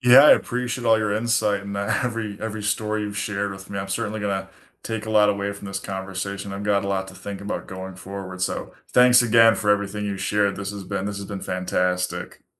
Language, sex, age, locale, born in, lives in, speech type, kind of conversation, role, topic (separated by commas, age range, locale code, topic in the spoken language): English, male, 25-29, en-US, United States, United States, conversation, unstructured, none, Which animated films unexpectedly hit you harder as an adult, and why do they resonate now?